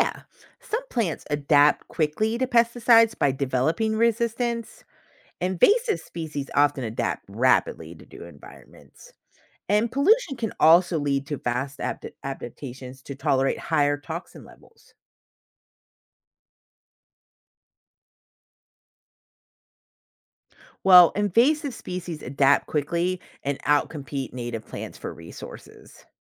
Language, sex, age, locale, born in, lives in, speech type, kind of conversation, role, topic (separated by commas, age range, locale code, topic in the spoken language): English, female, 40-44, en-US, United States, United States, read, expert-assistant, expert, How do plants adapt to their environment?